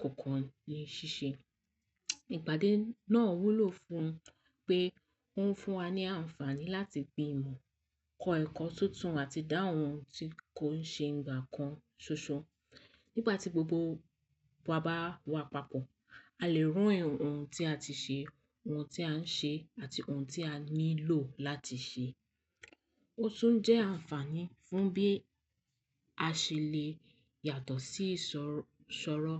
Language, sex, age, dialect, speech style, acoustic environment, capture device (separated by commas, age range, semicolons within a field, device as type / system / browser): Yoruba, female, 18-24, Nigeria - Lagos Yoruba, happy; tired; relaxed, silence, mobile / Linux / Chrome